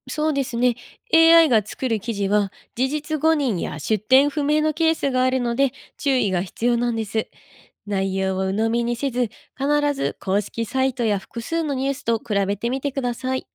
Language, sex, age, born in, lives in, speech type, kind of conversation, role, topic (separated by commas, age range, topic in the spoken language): Japanese, female, 25-29, Japan, Japan, read, expert-assistant, expert, なぜ現代社会においてフェイクニュースが広がりやすくなったのでしょうか？